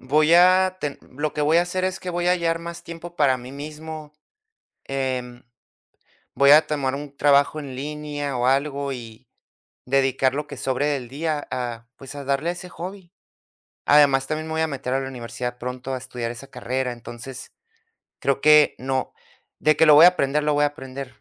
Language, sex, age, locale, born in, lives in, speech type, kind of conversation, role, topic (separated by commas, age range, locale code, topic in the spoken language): Spanish, male, 30-34, es-US, United States, United States, conversation, podcast, guest, ¿Cómo te mantienes motivado cuando un pasatiempo nuevo se pone difícil?